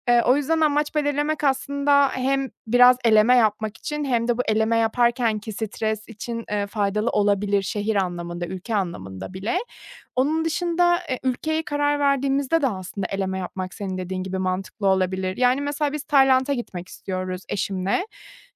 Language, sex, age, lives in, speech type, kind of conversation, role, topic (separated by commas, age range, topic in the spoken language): Turkish, female, 30-34, Germany, conversation, advice, user, Seyahat veya uzun bir hafta sonu sırasında rutinlerim bozulduğunda stresimi nasıl yönetebilirim?